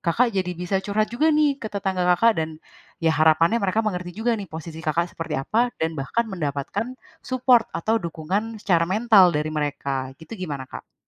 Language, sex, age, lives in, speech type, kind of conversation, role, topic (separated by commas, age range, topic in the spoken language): Indonesian, female, 30-34, Indonesia, conversation, advice, advisor, Bagaimana cara membangun kembali rasa harga diri saya?